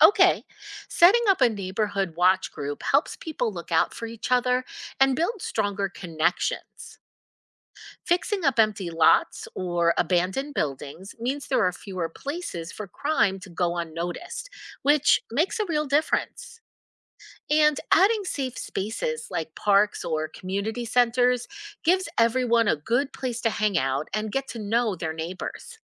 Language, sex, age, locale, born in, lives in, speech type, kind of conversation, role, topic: English, female, 50-54, en-US, United States, United States, read, expert-assistant, expert, How can neighborhoods become safer for everyone?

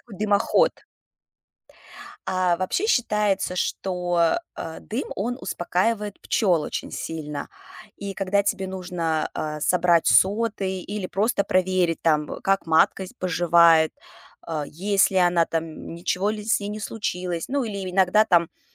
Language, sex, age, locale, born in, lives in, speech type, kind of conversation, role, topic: Russian, female, 35-39, ru-RU, Russia, United States, conversation, podcast, guest, Как, по-твоему, можно защитить пчёл и других насекомых?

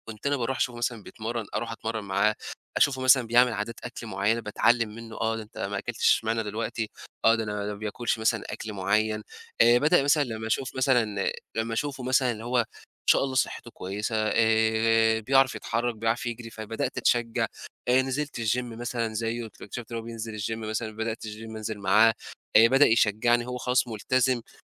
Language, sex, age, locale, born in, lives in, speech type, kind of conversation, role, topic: Arabic, male, 20-24, ar-EG, Egypt, Egypt, conversation, podcast, guest, إيه دور الصحاب والأهل في إنهم يدعموا التغيير الصحي؟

